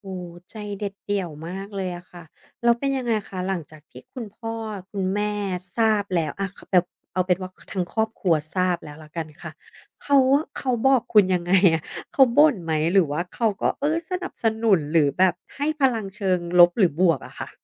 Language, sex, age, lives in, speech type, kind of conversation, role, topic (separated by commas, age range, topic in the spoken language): Thai, female, 40-44, Thailand, conversation, podcast, host, คุณเคยตัดสินใจแบบเงียบๆ เรื่องหนึ่งที่ทำให้ชีวิตเปลี่ยนไปไหม?